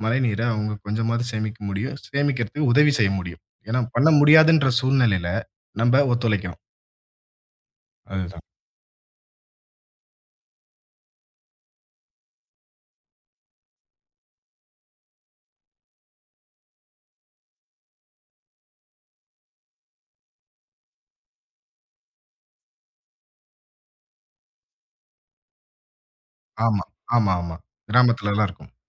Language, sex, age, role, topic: Tamil, male, 25-29, guest, மழைநீரைச் சேமித்து எளிய முறையில் எப்படிப் பயன்படுத்தலாம்?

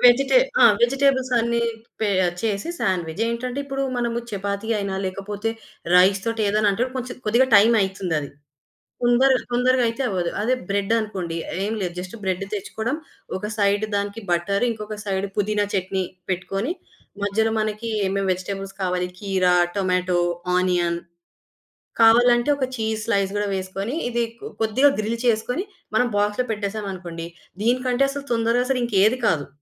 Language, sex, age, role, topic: Telugu, female, 25-29, guest, ఒక వంటకం వల్ల మీ కుటుంబ బంధం మరింత బలపడిన సందర్భం ఎప్పుడు జరిగింది?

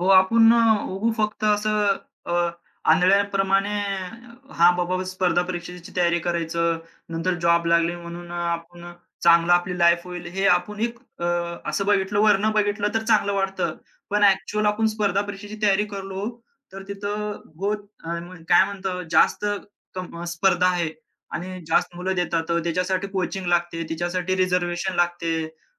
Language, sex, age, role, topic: Marathi, male, 25-29, guest, तुला एखादा खराब सल्ला मिळाल्यावर त्यातून तू काय शिकलास?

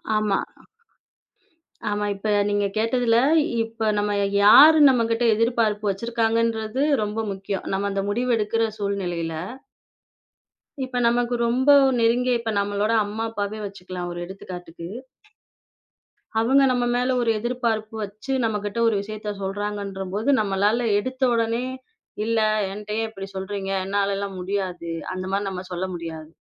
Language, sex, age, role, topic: Tamil, female, 25-29, guest, பிறரின் எதிர்பார்ப்புகள் உங்கள் முடிவுகளை எவ்வளவு மாற்றுகின்றன?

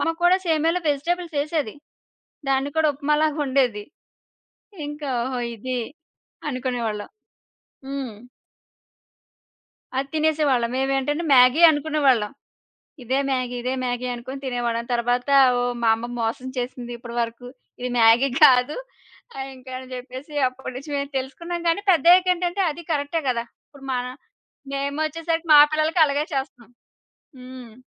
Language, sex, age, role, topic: Telugu, female, 30-34, guest, మీకు ఇష్టమైన వీధి ఆహారం ఏది, ఎందుకు?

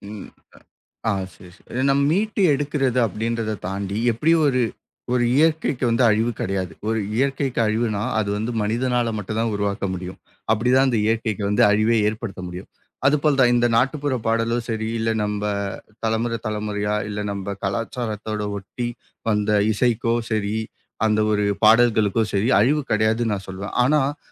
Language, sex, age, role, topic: Tamil, male, 25-29, guest, கிராமம் அல்லது நகரத்தில் வளர்ந்த அனுபவம் உங்கள் இசை ரசனைக்கு எப்படிப் பாதிப்பு ஏற்படுத்தியது?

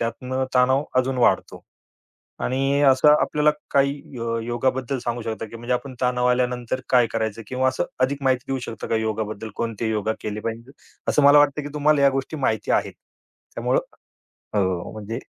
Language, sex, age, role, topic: Marathi, male, 35-39, host, तुम्हाला तणाव आला की तुम्ही सर्वप्रथम काय करता?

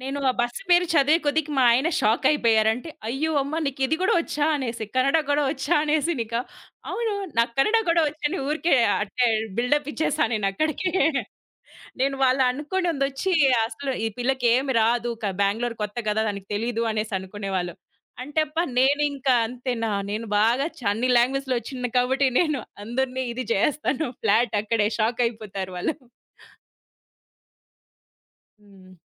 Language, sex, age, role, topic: Telugu, female, 30-34, guest, భాష మారినప్పుడు మీకు ఎలాంటి భావాలు కలిగాయి?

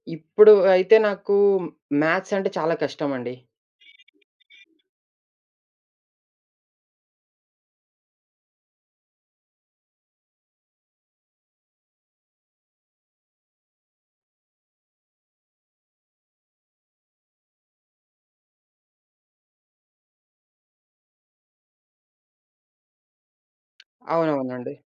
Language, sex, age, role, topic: Telugu, male, 20-24, guest, మీ గురువు చేసిన చిన్న సహాయం మీ జీవితాన్ని పెద్దగా మార్చిన ఉదాహరణ ఏమిటి?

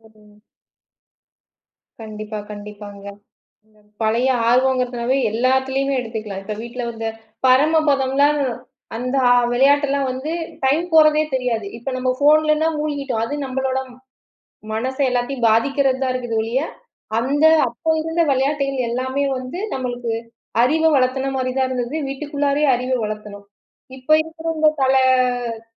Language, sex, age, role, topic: Tamil, female, 35-39, host, நீங்கள் மீண்டும் உங்கள் பழைய ஆர்வத்தைத் தொடங்க, நேரத்தை எப்படி ஒதுக்குகிறீர்கள்?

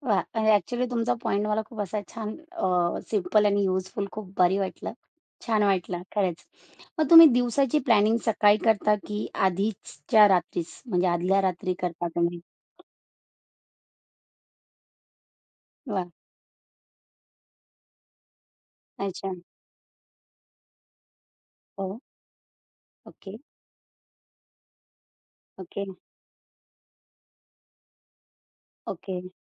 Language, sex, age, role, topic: Marathi, female, 45-49, host, दिवसभरातील ऊर्जा-स्तरांतील चढ-उतार आपण कसे लक्षात ठेवू शकतो?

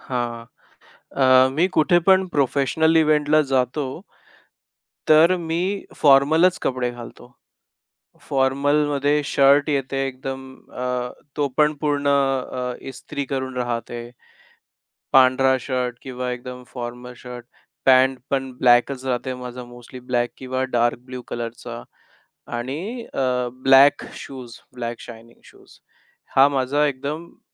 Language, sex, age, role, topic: Marathi, male, 25-29, guest, तुमची कपड्यांची शैली तुमची ओळख कशी व्यक्त करते?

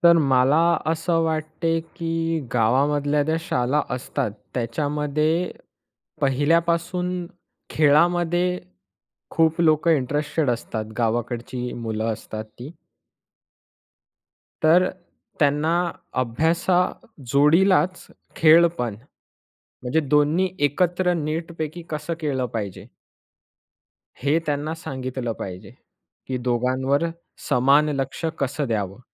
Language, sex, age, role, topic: Marathi, male, 20-24, guest, शाळांमध्ये मानसिक आरोग्यावर लक्ष कसं द्यायला हवं?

